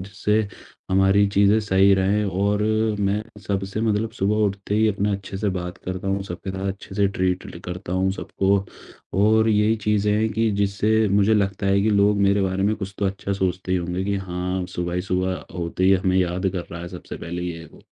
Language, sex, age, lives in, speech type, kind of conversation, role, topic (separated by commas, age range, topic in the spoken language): Hindi, male, 18-19, India, conversation, podcast, guest, Tumhari roz ki chhoti si khushi kya hoti hai?